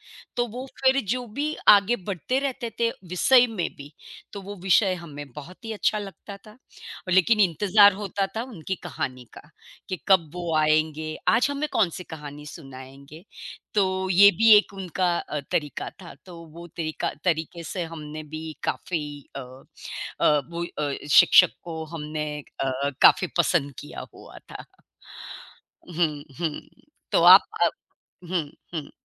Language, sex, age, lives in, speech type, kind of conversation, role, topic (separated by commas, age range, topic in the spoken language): Hindi, female, 55-59, United States, conversation, unstructured, none, क्या आपको कभी किसी शिक्षक से प्रेरणा मिली है, और कैसे?